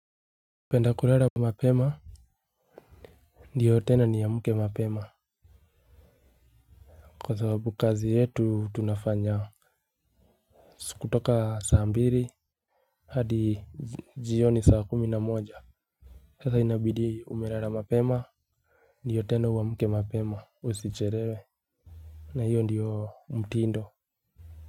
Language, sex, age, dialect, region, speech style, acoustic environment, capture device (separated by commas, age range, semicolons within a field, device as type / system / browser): Swahili, male, 25-34, Kenya - Nairobi Sheng-influenced, Kenya, happy, silence, mobile / Linux / Chrome